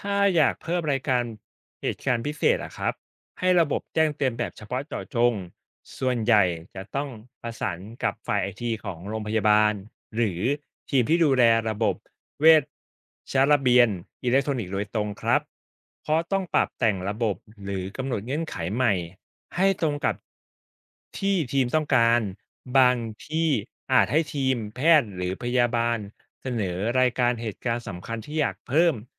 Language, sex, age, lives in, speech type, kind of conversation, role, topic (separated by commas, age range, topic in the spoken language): Thai, male, 35-39, Thailand, read, expert-assistant, expert, มีวิธีไหนช่วยให้ทีมแพทย์กับพยาบาลสื่อสารข้อมูลผู้ป่วยได้มีประสิทธิภาพมากขึ้นไหม?